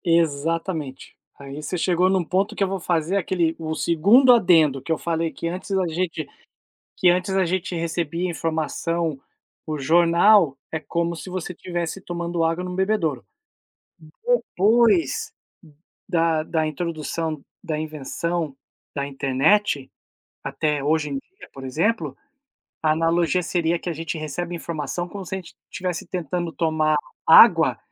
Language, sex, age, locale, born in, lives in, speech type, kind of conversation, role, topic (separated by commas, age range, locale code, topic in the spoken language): Portuguese, male, 40-44, pt-BR, Brazil, United States, conversation, unstructured, none, Qual invenção antiga você acha que mudou o mundo mais rapidamente?